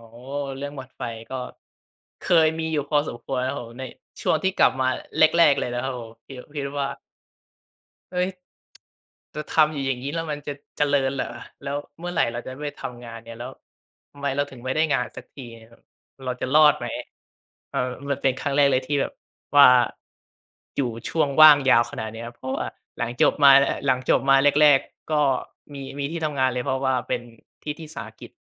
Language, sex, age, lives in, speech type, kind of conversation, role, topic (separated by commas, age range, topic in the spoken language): Thai, male, 20-24, Thailand, conversation, podcast, guest, คุณหาแรงบันดาลใจจากอะไรบ้าง?